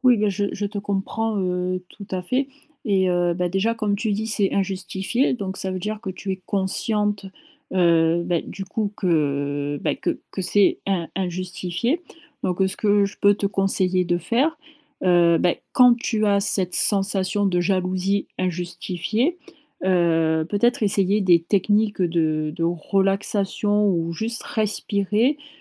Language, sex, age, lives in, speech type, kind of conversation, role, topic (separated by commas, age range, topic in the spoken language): French, female, 25-29, France, conversation, advice, advisor, Comment puis-je mieux gérer ma jalousie et mon insécurité dans ma relation ?